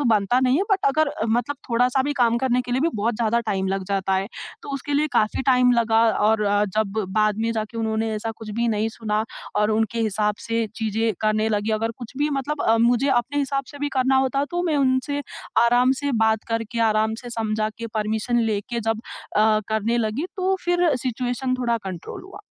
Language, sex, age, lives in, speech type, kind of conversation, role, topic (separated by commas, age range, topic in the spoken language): Hindi, female, 20-24, India, conversation, podcast, guest, Parents aur adult bachchon ke beech boundaries kaise set karte ho?